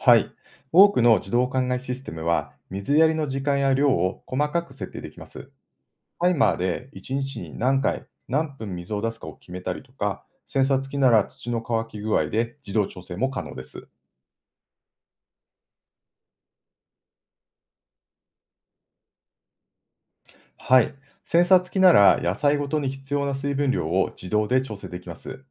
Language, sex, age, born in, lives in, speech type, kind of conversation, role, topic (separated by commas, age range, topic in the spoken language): Japanese, male, 50-54, Japan, Japan, read, expert-assistant, expert, これからの農業を考えたとき、どんな技術を一番優先して取り入れるべきだと思いますか？